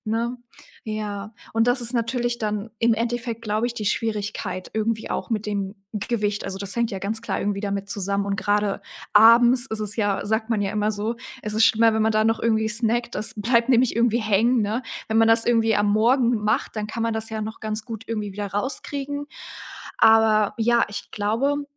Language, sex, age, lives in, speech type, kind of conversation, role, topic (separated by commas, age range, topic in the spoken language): German, female, 18-19, Germany, conversation, advice, advisor, Wie kann ich meine chaotischen Essenszeiten und Snacks über den ganzen Tag besser in den Griff bekommen?